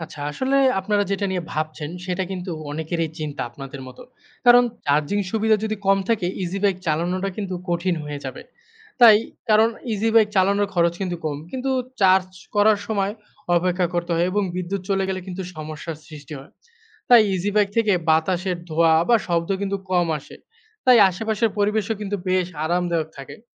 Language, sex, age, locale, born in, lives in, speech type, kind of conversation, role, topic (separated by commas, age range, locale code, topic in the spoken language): Bengali, male, 25-29, bn-BD, Bangladesh, Bangladesh, read, expert-assistant, expert, পরিবেশবান্ধব যানবাহনের প্রয়োজনীয়তা